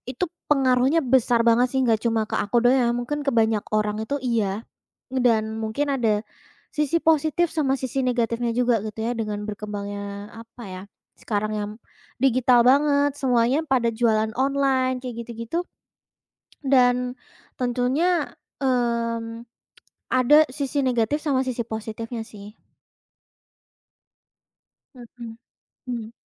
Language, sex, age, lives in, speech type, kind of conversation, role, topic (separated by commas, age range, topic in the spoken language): Indonesian, female, 20-24, Indonesia, conversation, podcast, guest, Apa peran media sosial dalam membentuk selera gaya?